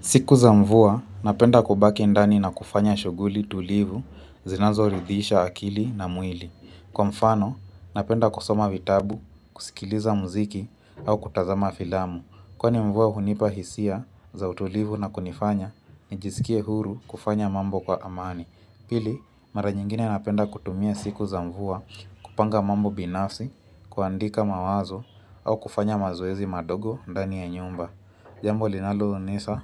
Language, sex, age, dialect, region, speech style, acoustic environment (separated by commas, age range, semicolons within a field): Swahili, female, 25-34, Kenya - Mombasa, Kenya, relaxed, people talking; music